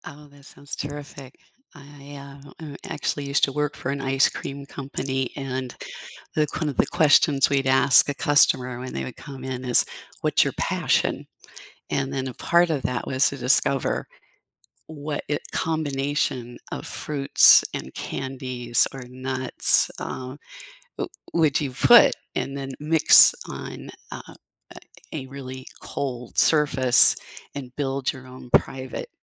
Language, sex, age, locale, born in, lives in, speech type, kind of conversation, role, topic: English, female, 65-69, en-US, United States, United States, conversation, unstructured, none, How do you like to unwind and recharge after a long day?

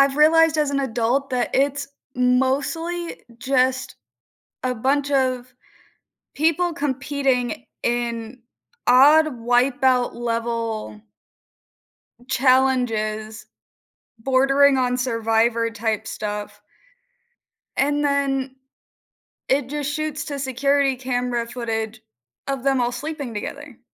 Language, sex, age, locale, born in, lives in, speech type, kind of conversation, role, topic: English, female, 20-24, en-US, United States, United States, conversation, unstructured, none, How do reality TV shows shape our views and conversations about society?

